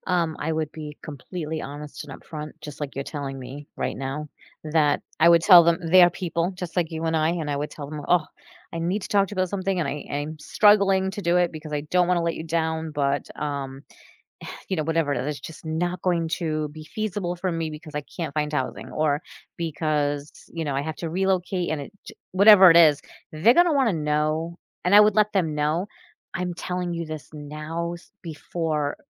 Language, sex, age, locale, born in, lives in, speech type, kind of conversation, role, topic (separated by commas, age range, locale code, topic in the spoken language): English, female, 45-49, en-US, United States, United States, conversation, advice, advisor, How can I set clearer boundaries so work doesn't take over my personal time?